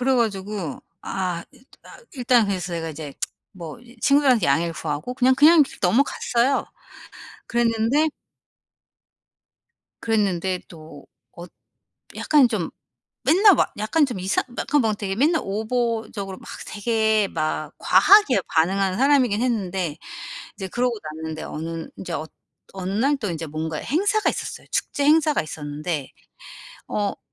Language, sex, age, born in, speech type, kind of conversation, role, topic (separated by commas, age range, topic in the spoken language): Korean, female, 45-49, South Korea, conversation, advice, user, 예상치 못한 계획 취소나 일정 변경으로 스트레스를 받을 때 보통 어떻게 느끼고 어떻게 대처하시나요?